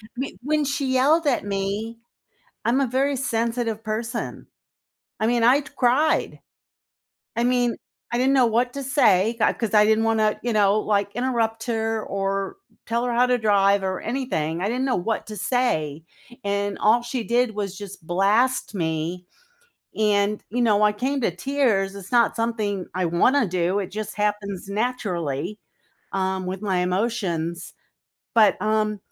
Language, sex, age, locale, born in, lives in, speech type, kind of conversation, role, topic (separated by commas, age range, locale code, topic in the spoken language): English, female, 60-64, en-US, United States, United States, conversation, podcast, host, What helps maintain strong friendships when disagreements arise?